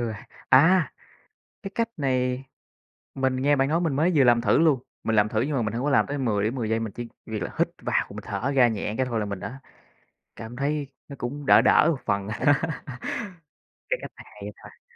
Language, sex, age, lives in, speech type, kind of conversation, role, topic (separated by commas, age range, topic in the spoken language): Vietnamese, male, 25-29, Vietnam, conversation, advice, user, Làm thế nào để tôi giảm bớt cảm giác lo lắng trước các cuộc họp hoặc khi thuyết trình?